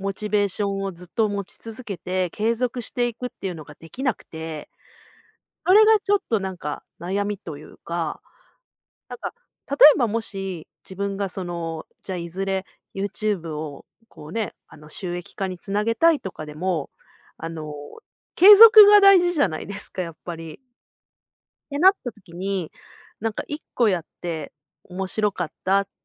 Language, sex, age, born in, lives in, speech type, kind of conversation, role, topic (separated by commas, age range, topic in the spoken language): Japanese, female, 40-44, Japan, Japan, conversation, advice, user, 急に創作のモチベーションが消えてしまうのはなぜですか？